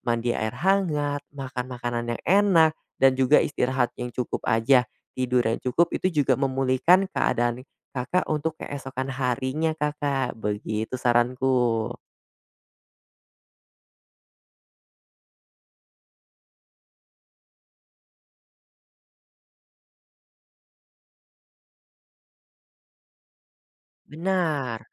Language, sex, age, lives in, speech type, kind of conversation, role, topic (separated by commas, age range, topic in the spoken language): Indonesian, male, 20-24, Indonesia, conversation, advice, advisor, Mengapa saya sering merasa lelah dan tidak punya waktu untuk berolahraga setelah pulang kerja?